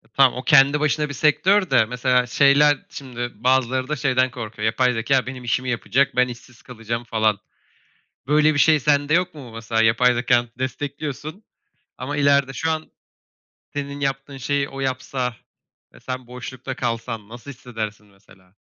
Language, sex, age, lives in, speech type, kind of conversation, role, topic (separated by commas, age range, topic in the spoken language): Turkish, male, 25-29, Germany, conversation, unstructured, none, Yapay zeka gelecekte iş hayatını nasıl etkileyebilir?